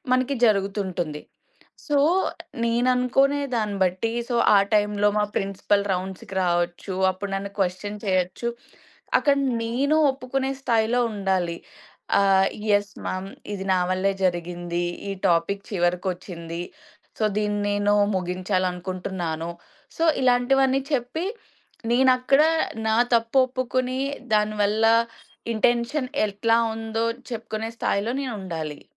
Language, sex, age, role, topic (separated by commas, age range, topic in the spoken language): Telugu, female, 25-29, guest, తప్పును ఒప్పుకోవడం ఇతరుల నమ్మకాన్ని ఎలా పెంచుతుంది?